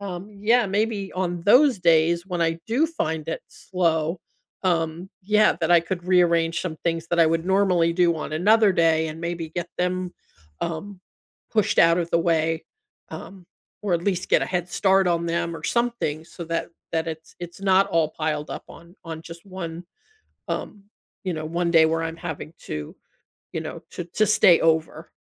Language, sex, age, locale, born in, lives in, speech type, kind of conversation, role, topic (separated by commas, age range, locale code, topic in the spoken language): English, female, 60-64, en-US, United States, United States, conversation, advice, user, How can I better balance my work and personal time?